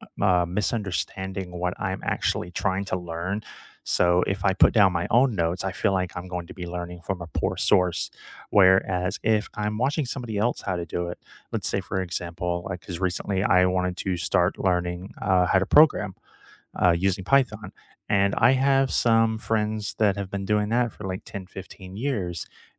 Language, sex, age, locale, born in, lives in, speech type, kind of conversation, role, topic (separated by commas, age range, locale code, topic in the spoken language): English, male, 35-39, en-US, United States, United States, conversation, unstructured, none, How do you learn best—through taking notes, watching videos, having discussions, or doing hands-on practice?